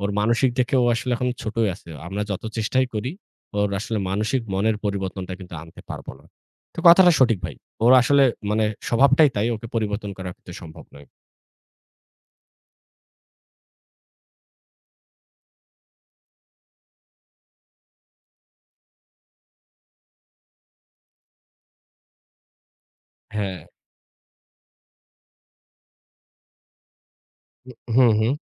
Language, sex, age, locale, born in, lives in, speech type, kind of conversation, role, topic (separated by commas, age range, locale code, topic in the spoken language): Bengali, male, 25-29, bn-BD, Bangladesh, Bangladesh, conversation, unstructured, none, আপনি কি কখনো দেখেছেন যে কোনো ছোট মনোমালিন্য বড় দ্বন্দ্বে পরিণত হয়েছে?